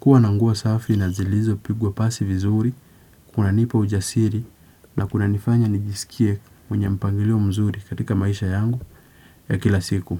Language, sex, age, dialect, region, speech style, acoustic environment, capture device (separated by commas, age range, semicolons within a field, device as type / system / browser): Swahili, male, 18-24, Kenya - Nairobi Sheng-influenced, Kenya, relaxed; focused, nature; silence; appliances, desktop / Windows / Chrome